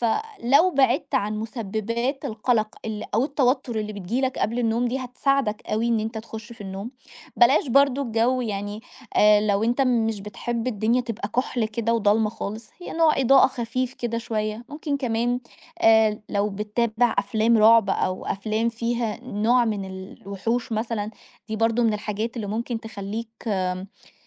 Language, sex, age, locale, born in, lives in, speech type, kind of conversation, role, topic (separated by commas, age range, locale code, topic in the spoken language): Arabic, female, 30-34, ar-EG, Egypt, Egypt, conversation, advice, advisor, إزاي أتعامل مع صعوبة النوم بسبب التوتر وقلق الليل؟